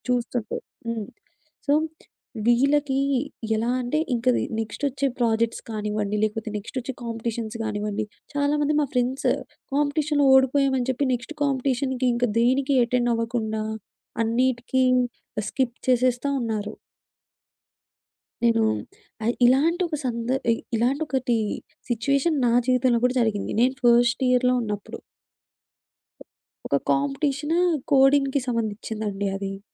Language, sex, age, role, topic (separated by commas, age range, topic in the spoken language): Telugu, female, 20-24, guest, మోటివేషన్ తగ్గినప్పుడు మీకు మళ్లీ ఉత్సాహం తెచ్చేది ఏమిటి?